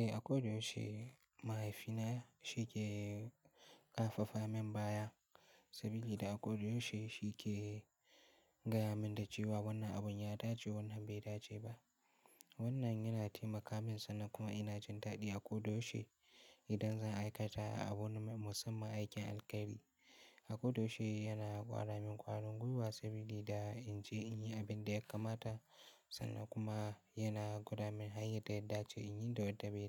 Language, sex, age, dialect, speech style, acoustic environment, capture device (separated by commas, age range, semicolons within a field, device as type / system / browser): Hausa, male, 18-24, Nigeria - Kano, relaxed, silence, mobile / Linux / Chrome